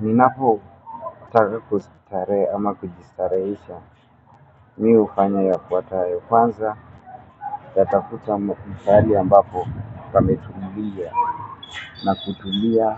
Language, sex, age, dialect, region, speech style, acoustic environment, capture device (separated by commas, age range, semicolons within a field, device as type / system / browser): Swahili, male, 25-34, Kenya - Nairobi Sheng-influenced, Kenya, focused, people talking; music; nature, mobile / Linux / Chrome